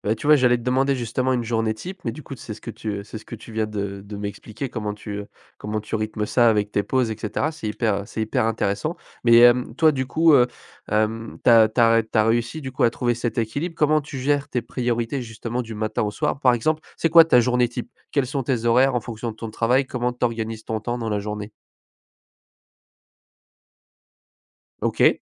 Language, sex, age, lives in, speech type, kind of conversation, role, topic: French, male, 20-24, France, conversation, podcast, host, Comment fais-tu pour équilibrer ton travail et ta vie personnelle au quotidien ?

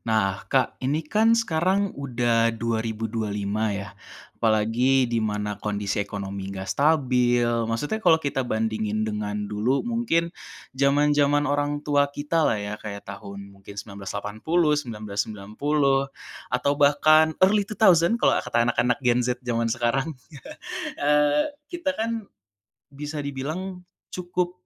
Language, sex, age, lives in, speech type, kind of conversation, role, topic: Indonesian, male, 25-29, Indonesia, conversation, podcast, host, Bagaimana kamu menyeimbangkan keluarga dan karier?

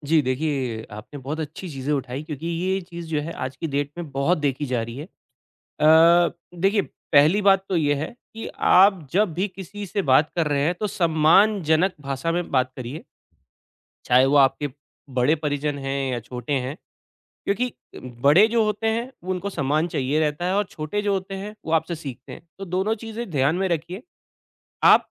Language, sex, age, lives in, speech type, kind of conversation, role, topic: Hindi, male, 40-44, India, conversation, advice, advisor, परिवार की मुलाकातों में रिश्ते खराब किए बिना अपनी सीमाएँ कैसे तय करूँ?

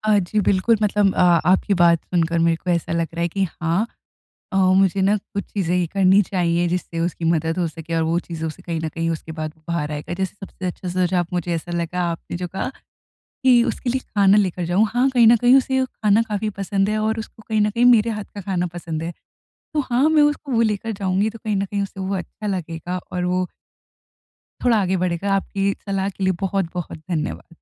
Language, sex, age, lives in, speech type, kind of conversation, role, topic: Hindi, female, 20-24, India, conversation, advice, user, मैं सहानुभूति दिखाते हुए अपने दोस्त की मदद कैसे करूँ?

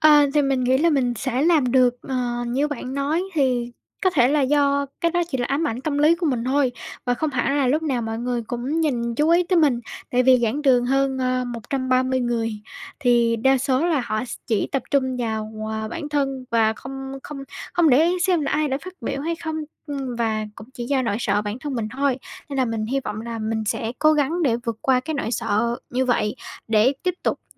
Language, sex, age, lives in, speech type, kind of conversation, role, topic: Vietnamese, female, 18-19, Vietnam, conversation, advice, user, Làm sao để bớt ngại lên tiếng trong cuộc họp hoặc lớp học?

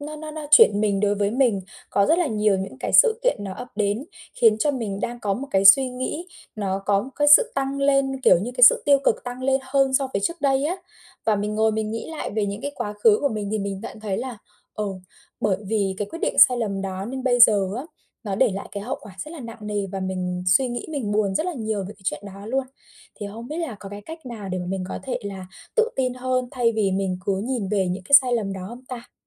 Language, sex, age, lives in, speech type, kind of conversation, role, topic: Vietnamese, female, 25-29, Vietnam, conversation, advice, user, Làm sao để biến sai lầm thành cơ hội học hỏi?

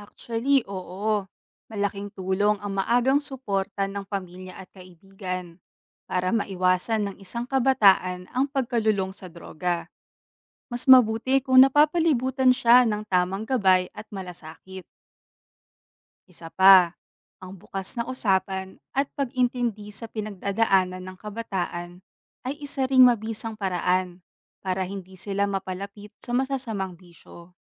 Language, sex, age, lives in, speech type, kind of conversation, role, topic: Filipino, female, 25-29, Philippines, read, expert-assistant, expert, Paano nakakaapekto ang pag-abuso sa bawal na gamot sa kinabukasan ng kabataan?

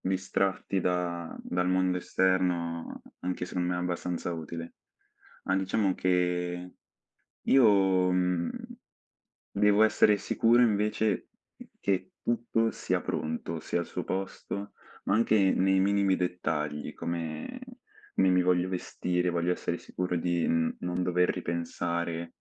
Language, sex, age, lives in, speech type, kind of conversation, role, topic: Italian, male, 18-19, Italy, conversation, unstructured, none, Come ti prepari prima di una negoziazione importante al lavoro?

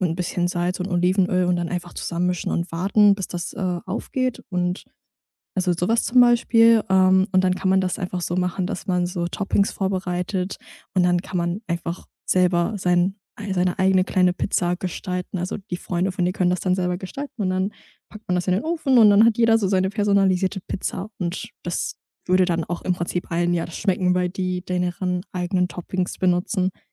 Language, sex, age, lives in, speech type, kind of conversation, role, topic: German, female, 20-24, Germany, conversation, podcast, guest, Wie bereitest du ein entspanntes Dinner für Freunde vor?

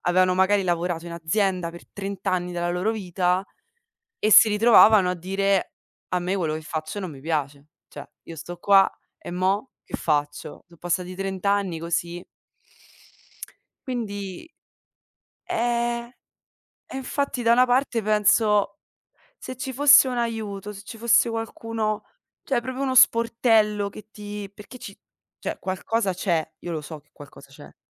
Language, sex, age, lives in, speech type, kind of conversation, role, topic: Italian, female, 25-29, Italy, conversation, unstructured, none, Cosa faresti se potessi rivivere un giorno della tua vita?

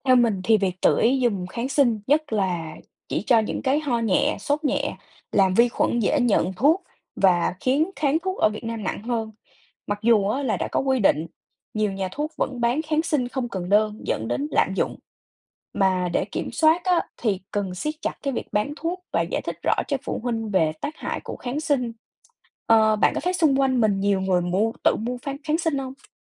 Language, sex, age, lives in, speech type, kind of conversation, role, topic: Vietnamese, female, 20-24, United States, read, expert-assistant, expert, Có thật là uống kháng sinh không đủ liều sẽ dẫn đến tình trạng kháng thuốc nguy hiểm không? Tại sao điều này lại xảy ra và thực tế ở Việt Nam như thế nào?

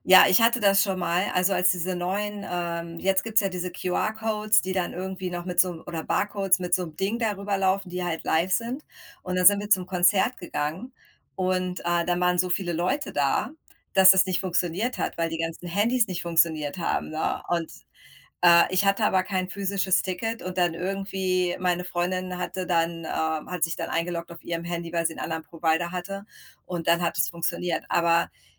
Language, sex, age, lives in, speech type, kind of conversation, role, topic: German, female, 40-44, United States, conversation, unstructured, none, Was ärgert dich an den Ticketpreisen für Konzerte?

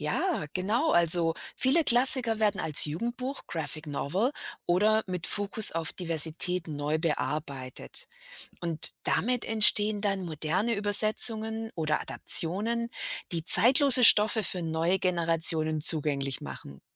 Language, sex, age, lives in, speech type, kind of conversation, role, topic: German, female, 50-54, United States, read, expert-assistant, expert, Was macht ein Buch eigentlich zu einem Klassiker der Literatur?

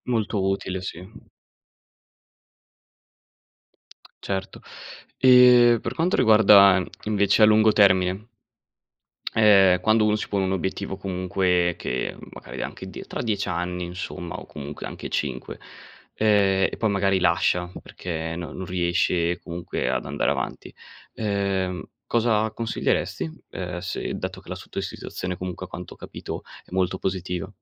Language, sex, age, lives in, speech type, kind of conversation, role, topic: Italian, male, 20-24, Italy, conversation, podcast, host, Cosa fai per restare attivo senza andare in palestra?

